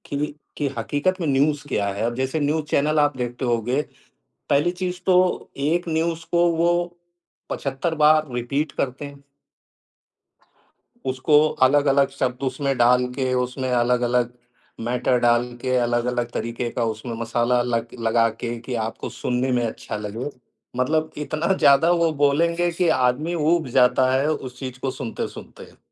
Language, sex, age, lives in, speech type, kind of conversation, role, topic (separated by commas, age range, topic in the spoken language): Hindi, male, 55-59, India, conversation, unstructured, none, क्या आपको लगता है कि आजकल झूठी खबरें ज़्यादा फैल रही हैं?